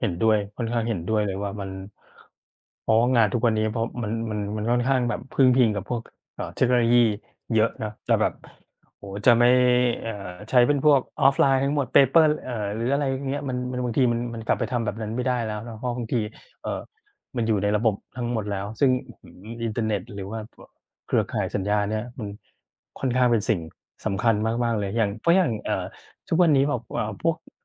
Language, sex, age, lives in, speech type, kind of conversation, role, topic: Thai, male, 40-44, Thailand, conversation, unstructured, none, เทคโนโลยีอะไรช่วยให้ชีวิตของคุณง่ายขึ้นมากที่สุด?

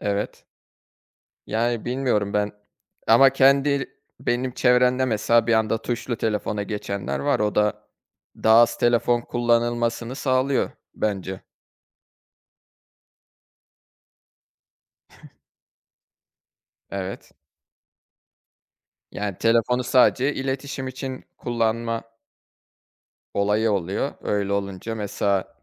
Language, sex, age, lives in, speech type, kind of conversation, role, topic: Turkish, male, 25-29, Germany, conversation, unstructured, none, Telefon kullanım alışkanlıkların hayatını nasıl etkiliyor?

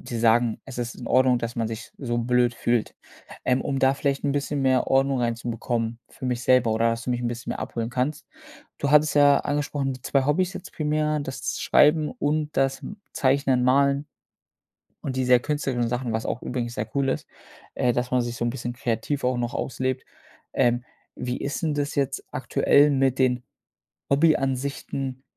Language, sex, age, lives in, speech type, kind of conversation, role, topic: German, male, 25-29, Germany, conversation, advice, advisor, Wie kann ich meinen Hobbys nachgehen, obwohl meine Familie andere Erwartungen hat?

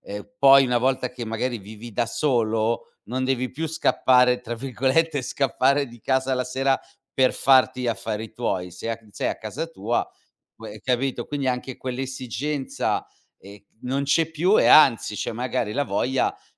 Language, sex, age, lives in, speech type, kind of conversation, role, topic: Italian, male, 40-44, Italy, conversation, podcast, guest, Raccontami di un errore che hai trasformato in un’opportunità?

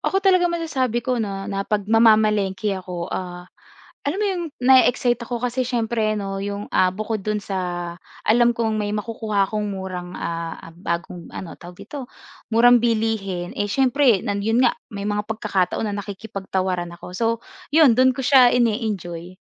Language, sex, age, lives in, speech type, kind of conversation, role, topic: Filipino, female, 25-29, Philippines, conversation, podcast, guest, Paano mo talaga nae-enjoy ang simpleng pagbisita sa palengke?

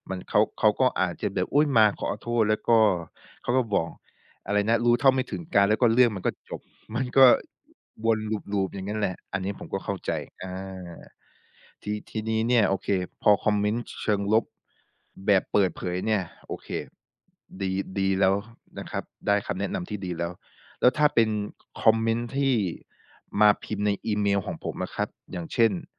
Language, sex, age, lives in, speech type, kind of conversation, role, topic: Thai, male, 25-29, Thailand, conversation, advice, user, จะตอบคอมเมนต์เชิงลบบนโซเชียลมีเดียอย่างไรดี?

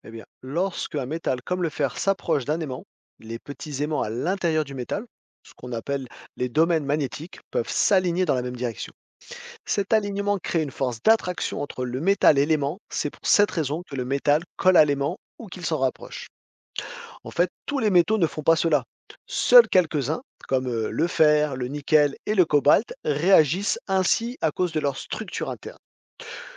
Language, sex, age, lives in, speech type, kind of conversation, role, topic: French, male, 40-44, France, read, expert-assistant, expert, Comment les aimants attirent-ils certains objets ?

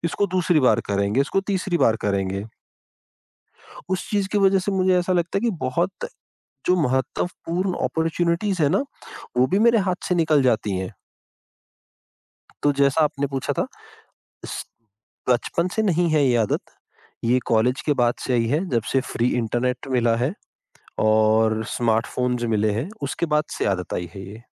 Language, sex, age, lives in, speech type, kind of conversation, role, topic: Hindi, male, 30-34, India, conversation, advice, user, काम टालने की लत और संकोच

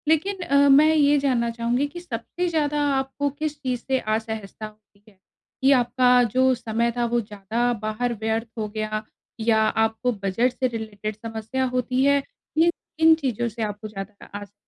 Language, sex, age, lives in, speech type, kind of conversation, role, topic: Hindi, female, 30-34, India, conversation, advice, advisor, दोस्तों के साथ जश्न मनाते समय मैं अपनी व्यक्तिगत सीमाएँ कैसे तय करूँ और अपनी सहजता का संतुलन कैसे बनाए रखूँ?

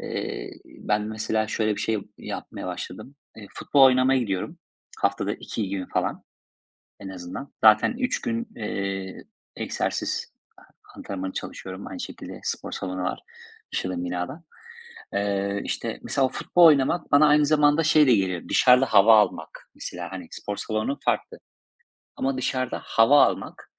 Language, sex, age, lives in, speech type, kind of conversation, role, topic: Turkish, male, 35-39, Spain, conversation, unstructured, none, Hareket etmeyi neden bazen bu kadar zor buluyoruz?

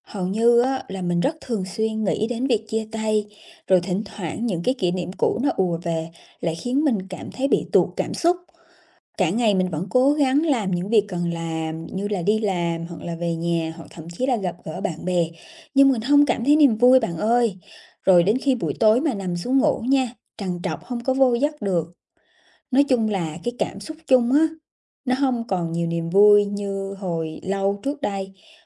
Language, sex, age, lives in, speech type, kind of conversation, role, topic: Vietnamese, female, 30-34, Vietnam, conversation, advice, user, Mỗi khi đến gần ngày chia tay, điều gì khiến bạn cứ bị day dứt và bạn thường làm gì để vượt qua cảm xúc đó?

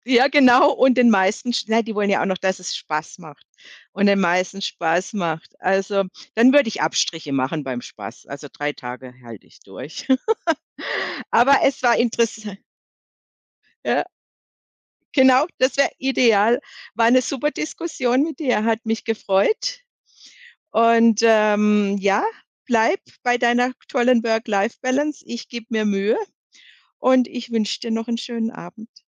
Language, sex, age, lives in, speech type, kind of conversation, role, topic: German, female, 55-59, Germany, conversation, podcast, host, Wie findest du eine gute Balance zwischen Arbeit und Privatleben?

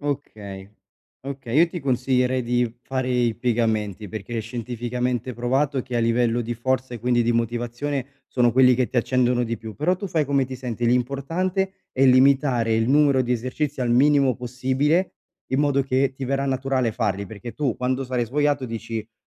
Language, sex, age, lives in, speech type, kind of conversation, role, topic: Italian, male, 25-29, Italy, conversation, advice, advisor, Come posso riconoscere e accettare i miei limiti fisici ed emotivi?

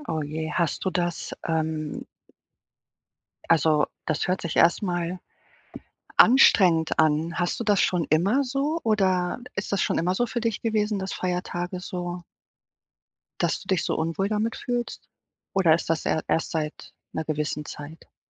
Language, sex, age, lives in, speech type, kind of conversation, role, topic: German, female, 40-44, Portugal, conversation, advice, advisor, Was kann ich tun, wenn ich mich an Feiertagen und bei großen Zusammenkünften oft unwohl fühle?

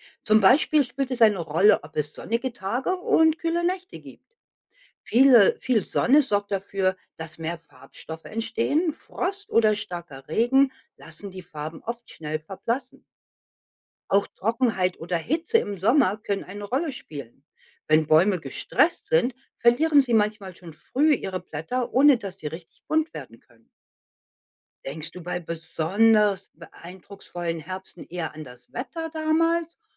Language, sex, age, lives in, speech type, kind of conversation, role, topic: German, female, 55-59, United States, read, expert-assistant, expert, Warum werden Blätter im Herbst bunt?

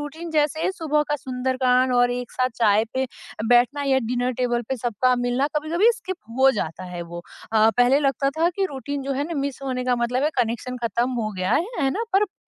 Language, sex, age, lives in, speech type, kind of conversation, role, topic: Hindi, female, 30-34, India, conversation, podcast, guest, Kaunsi choti si routine tumhare ghar ko ghar banati hai?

